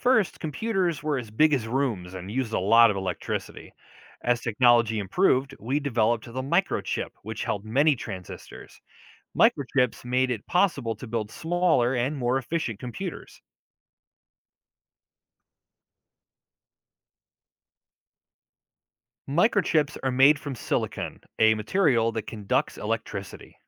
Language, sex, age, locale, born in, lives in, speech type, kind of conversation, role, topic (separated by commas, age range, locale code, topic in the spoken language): English, male, 40-44, en-US, United States, United States, read, expert-assistant, expert, How did computers first begin?